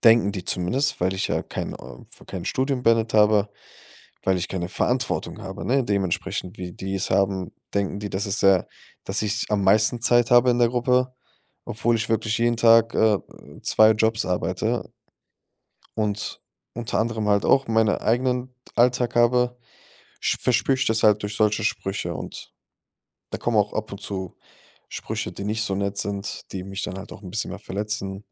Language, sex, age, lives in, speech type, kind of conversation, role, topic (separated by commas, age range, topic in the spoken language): German, male, 25-29, Germany, conversation, advice, user, Wie kann ich mit veränderten sozialen Beziehungen umgehen, wenn sich mein Status in der Gruppe verändert hat?